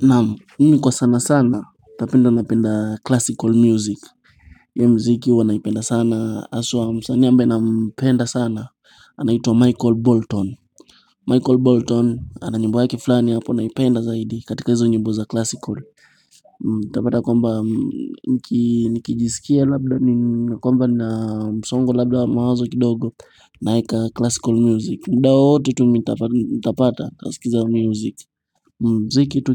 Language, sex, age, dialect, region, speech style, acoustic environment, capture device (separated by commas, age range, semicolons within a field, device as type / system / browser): Swahili, male, 18-24, Kenya - Mombasa, Kenya, happy; anxious; stressed, people talking; music; nature, mobile / Linux / Chrome